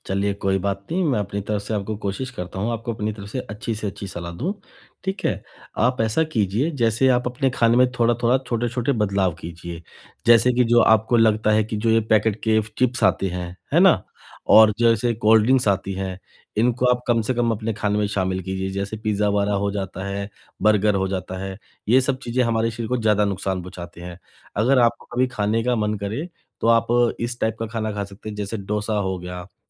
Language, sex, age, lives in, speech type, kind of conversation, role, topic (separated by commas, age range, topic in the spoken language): Hindi, male, 35-39, India, conversation, advice, advisor, मैं प्रसंस्कृत खाद्य पदार्थों का सेवन कम करने के लिए क्या शुरू करूँ?